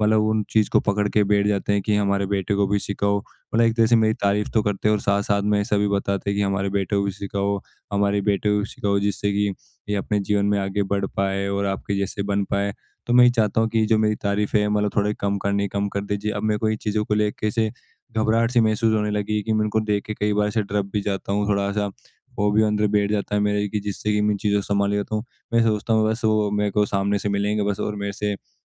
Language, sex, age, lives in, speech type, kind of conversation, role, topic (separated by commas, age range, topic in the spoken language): Hindi, male, 18-19, India, conversation, advice, user, तारीफ़ सुनने पर आपको असहजता क्यों महसूस होती है?